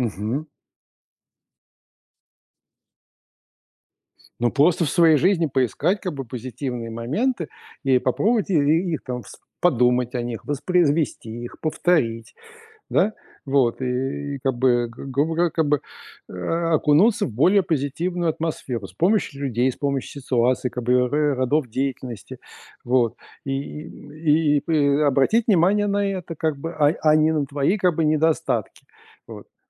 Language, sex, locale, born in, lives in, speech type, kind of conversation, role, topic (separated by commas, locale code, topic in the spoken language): Russian, male, ru-RU, Russia, United States, conversation, advice, advisor, Почему я постоянно корю и критикую себя?